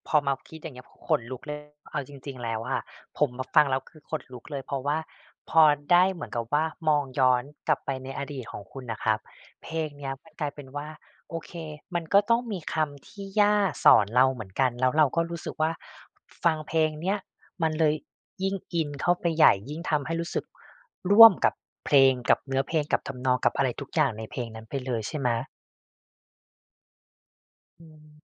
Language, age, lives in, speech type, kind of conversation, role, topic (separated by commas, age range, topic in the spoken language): Thai, 35-39, Thailand, conversation, podcast, host, เพลงไหนที่ช่วยให้คุณผ่านช่วงเวลาที่ยากลำบากได้?